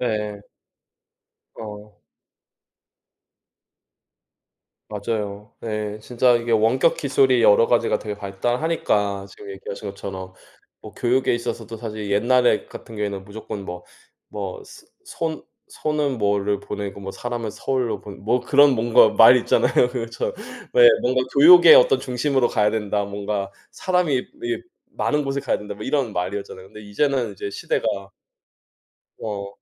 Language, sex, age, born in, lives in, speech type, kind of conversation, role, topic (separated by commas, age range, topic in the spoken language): Korean, male, 35-39, South Korea, United States, conversation, unstructured, none, 기술이 우리 삶을 어떻게 바꾸었다고 생각하나요?